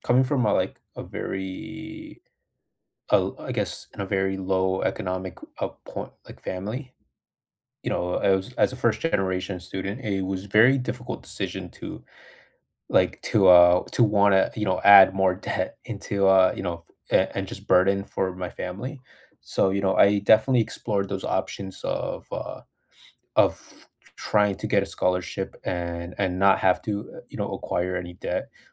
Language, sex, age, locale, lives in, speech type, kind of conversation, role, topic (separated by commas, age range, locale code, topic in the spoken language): English, male, 25-29, en-US, United States, conversation, unstructured, none, Which real-life skill do you wish schools had taught everyone, and why?